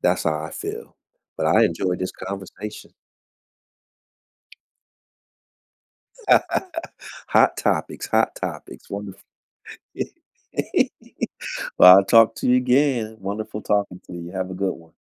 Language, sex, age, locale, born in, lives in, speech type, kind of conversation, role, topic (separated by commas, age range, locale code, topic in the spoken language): English, male, 45-49, en-US, United States, United States, conversation, unstructured, none, What is your opinion of politicians who put personal gain first?